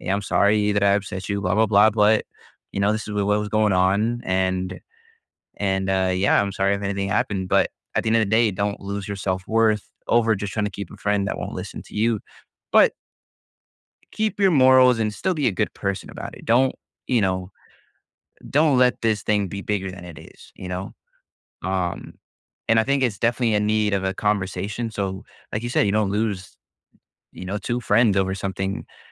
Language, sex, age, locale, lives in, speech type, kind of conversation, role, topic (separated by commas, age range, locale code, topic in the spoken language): English, male, 20-24, en-US, United States, conversation, advice, advisor, How do I talk to my friend when I'm worried I'm letting them down?